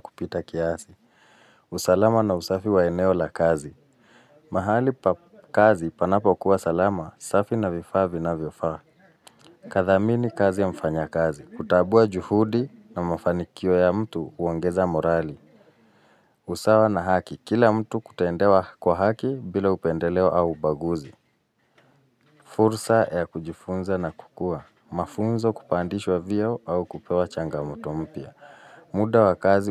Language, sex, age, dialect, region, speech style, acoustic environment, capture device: Swahili, male, 35-44, Kenya - Nairobi Sheng-influenced, Kenya, focused, people talking, mobile / Linux / Chrome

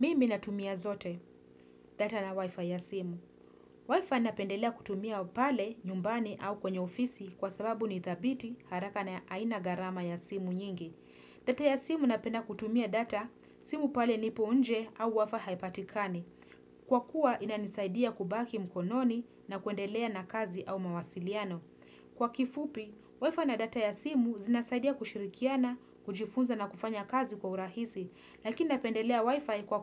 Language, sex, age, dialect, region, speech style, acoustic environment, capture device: Swahili, female, 25-34, Kenya - Nairobi Sheng-influenced, Kenya, happy, nature, desktop / Windows / Chrome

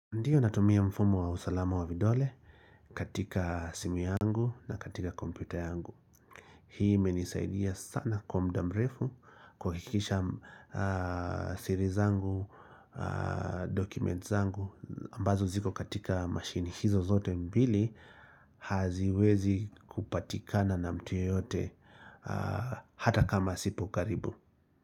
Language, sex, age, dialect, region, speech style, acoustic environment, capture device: Swahili, male, 35-44, Kenya - Nairobi Sheng-influenced, Kenya, relaxed, silence, mobile / Linux / Chrome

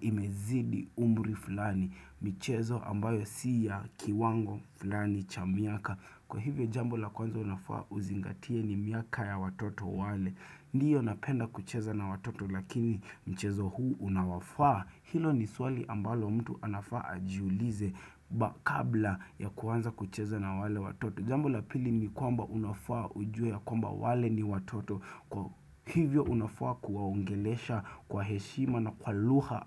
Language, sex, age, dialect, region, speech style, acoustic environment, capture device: Swahili, male, 25-34, Kenya - Nairobi Sheng-influenced, Kenya, focused, silence, desktop / Windows / Chrome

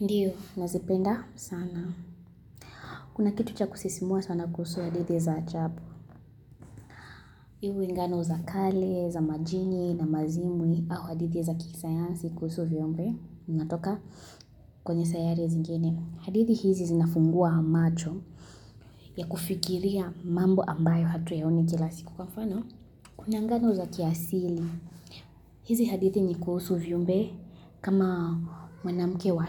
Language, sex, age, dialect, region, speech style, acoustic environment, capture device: Swahili, female, 18-24, Kenya - Kitui, Kenya, happy, silence, mobile / Linux / Chrome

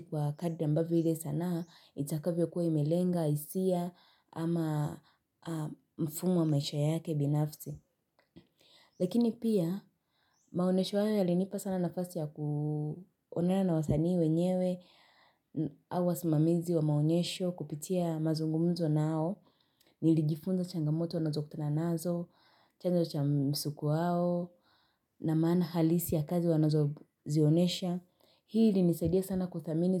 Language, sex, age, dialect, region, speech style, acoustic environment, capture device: Swahili, female, 18-24, Kenya - Nairobi Sheng-influenced, Kenya, happy; relaxed; excited; focused, silence; nature, mobile / Linux / Chrome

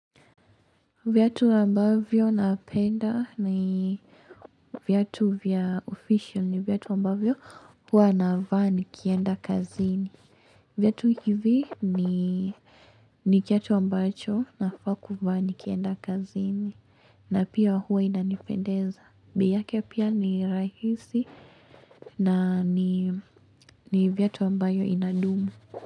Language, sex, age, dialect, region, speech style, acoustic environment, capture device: Swahili, female, 18-24, Kenya - Mombasa, Kenya, relaxed, nature, mobile / Linux / Chrome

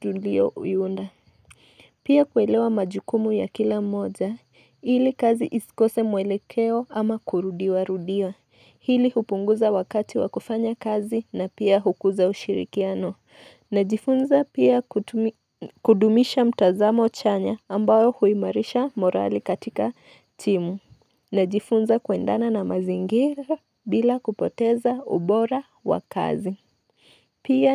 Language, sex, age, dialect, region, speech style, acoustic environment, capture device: Swahili, female, 25-34, Kenya - Nairobi Sheng-influenced, Kenya, nervous, silence, mobile / Linux / Chrome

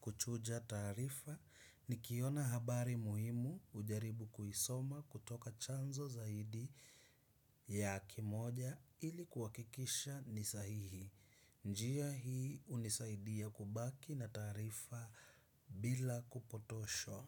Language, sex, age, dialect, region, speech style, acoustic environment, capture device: Swahili, male, 25-34, Kenya - Mombasa, Kenya, focused; relaxed, nature, desktop / Windows / Chrome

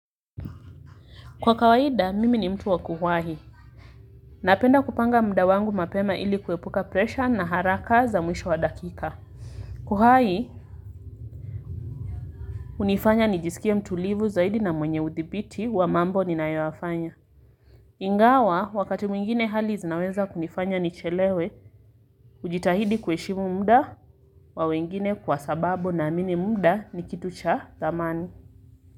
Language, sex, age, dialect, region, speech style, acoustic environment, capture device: Swahili, female, 25-34, Kenya - Mombasa, Kenya, relaxed, music, mobile / Linux / Chrome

